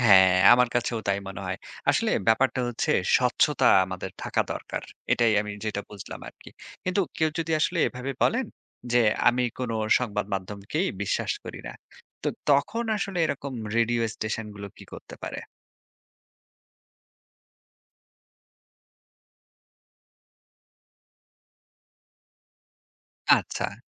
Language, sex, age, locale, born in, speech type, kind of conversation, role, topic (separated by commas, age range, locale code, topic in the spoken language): Bengali, male, 30-34, bn-BD, Bangladesh, read, expert-assistant, asker, ব্রডকাস্টিং-এ খবর, বিনোদন, আর শিক্ষামূলক অনুষ্ঠানের মধ্যে কোনটা বেশি গুরুত্ব পাওয়া উচিত বলে আপনার মত?